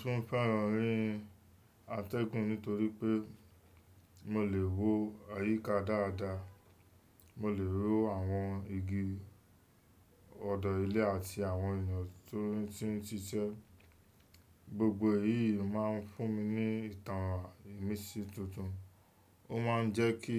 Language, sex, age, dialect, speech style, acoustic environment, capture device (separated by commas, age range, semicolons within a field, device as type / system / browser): Yoruba, male, 25-34, Nigeria - Lagos Yoruba, focused, nature, desktop / Windows / Chrome